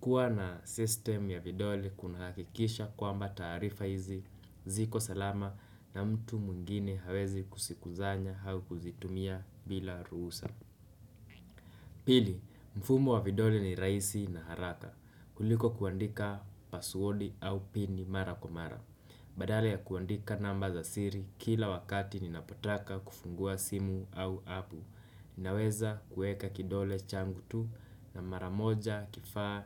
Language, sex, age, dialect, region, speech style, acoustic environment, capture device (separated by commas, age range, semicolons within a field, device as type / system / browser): Swahili, male, 18-24, Kenya - Nairobi Sheng-influenced, Kenya, relaxed, silence, desktop / Windows / Chrome